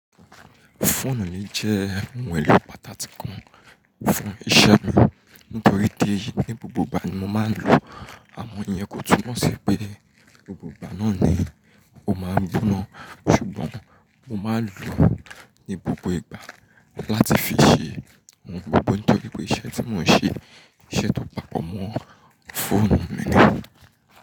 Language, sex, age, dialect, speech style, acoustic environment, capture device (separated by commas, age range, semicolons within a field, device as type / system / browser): Yoruba, male, 25-34, Nigeria - Nigerian Standard, happy, people talking, mobile / Linux / Chrome